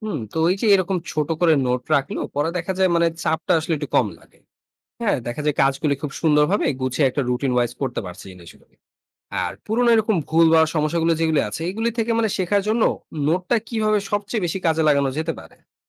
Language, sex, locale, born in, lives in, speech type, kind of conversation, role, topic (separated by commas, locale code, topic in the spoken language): Bengali, male, bn-BD, Bangladesh, Bangladesh, read, expert-assistant, asker, নতুন প্রোগ্রামিং ভাষা শেখার সহজ উপায় কী?